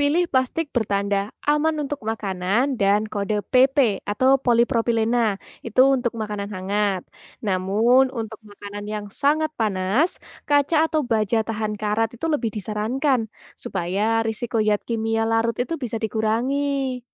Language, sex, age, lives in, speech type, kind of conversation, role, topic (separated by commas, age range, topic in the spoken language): Indonesian, female, 20-24, Indonesia, read, expert-assistant, expert, Bagaimana cara sederhana agar makanan yang dibeli di pasar tetap aman sampai di rumah?